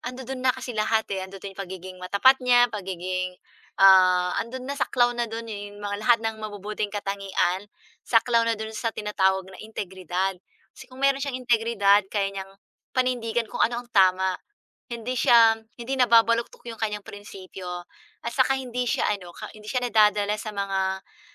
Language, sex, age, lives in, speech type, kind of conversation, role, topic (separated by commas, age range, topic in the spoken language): Filipino, female, 40-44, Philippines, conversation, unstructured, none, Ano ang pinakamahalagang katangian ng isang mahusay na boss?